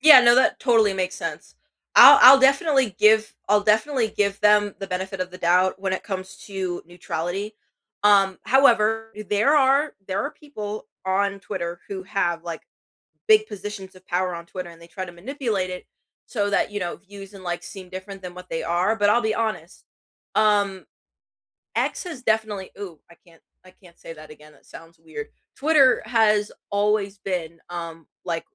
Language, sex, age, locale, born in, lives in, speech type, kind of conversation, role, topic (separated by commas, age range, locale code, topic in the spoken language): English, female, 18-19, en-US, United States, United States, conversation, unstructured, none, What is your go-to way to keep up with the news these days?